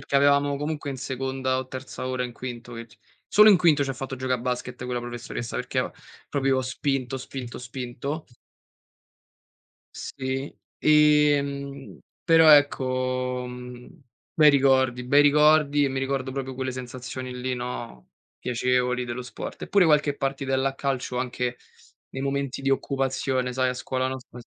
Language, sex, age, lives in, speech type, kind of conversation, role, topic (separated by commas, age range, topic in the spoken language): Italian, male, 25-29, Italy, conversation, unstructured, none, Quali benefici hai notato praticando sport a scuola o nel tempo libero?